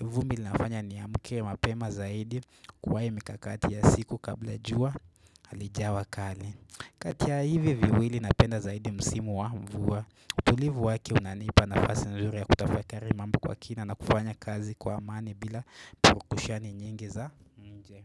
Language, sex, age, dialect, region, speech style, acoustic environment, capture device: Swahili, male, 18-24, Kenya - Nairobi Sheng-influenced, Kenya, relaxed; focused; nervous, silence; nature, desktop / Windows / Chrome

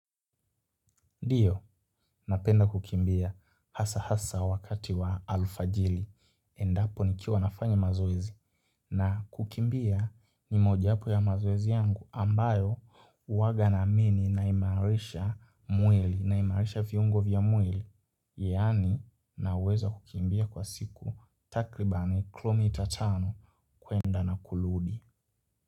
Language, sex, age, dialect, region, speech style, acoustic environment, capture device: Swahili, male, 18-24, Kenya - Nairobi Sheng-influenced, Kenya, happy, silence, mobile / Linux / Chrome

